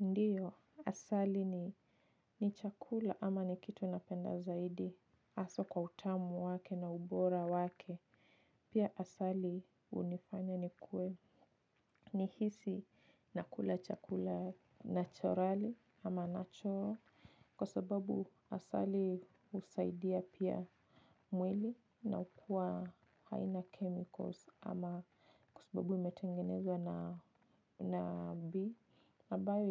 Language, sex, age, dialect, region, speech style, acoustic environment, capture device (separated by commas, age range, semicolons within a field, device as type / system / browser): Swahili, female, 25-34, Kenya - Nairobi Sheng-influenced, Kenya, focused, silence, mobile / Linux / Chrome